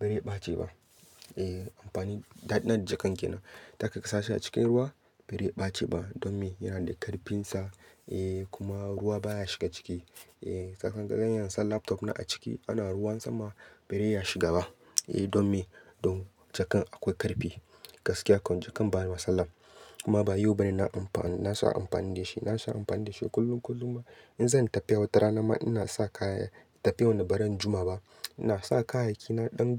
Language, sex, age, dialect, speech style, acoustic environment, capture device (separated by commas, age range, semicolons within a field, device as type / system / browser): Hausa, male, 25-34, Nigeria - Katsina, happy; focused, nature, mobile / Linux / Chrome